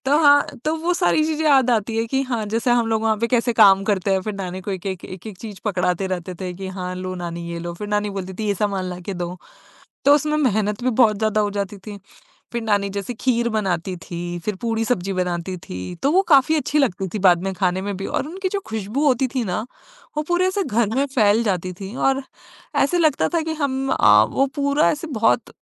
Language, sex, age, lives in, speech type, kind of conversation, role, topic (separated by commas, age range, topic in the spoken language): Hindi, female, 25-29, India, conversation, podcast, guest, क्या किसी व्यंजन की खुशबू आपको पल भर में किसी याद या जगह पर पहुँचा देती है?